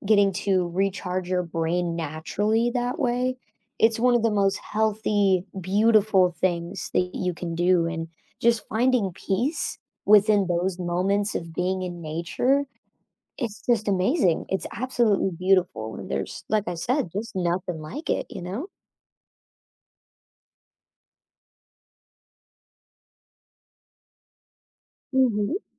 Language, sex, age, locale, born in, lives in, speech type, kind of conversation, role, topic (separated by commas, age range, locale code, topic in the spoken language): English, female, 25-29, en-US, United States, United States, conversation, advice, advisor, How can I find peace in quiet moments alone?